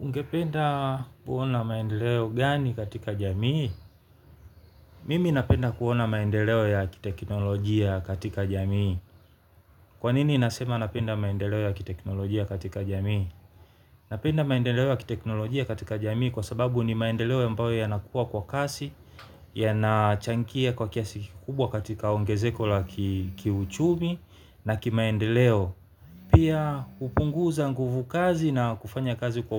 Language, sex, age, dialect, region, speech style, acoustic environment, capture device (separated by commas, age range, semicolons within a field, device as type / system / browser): Swahili, male, 35-44, Tanzania - Dar es Salaam, Tanzania, happy; relaxed; focused, nature; silence, mobile / Linux / Chrome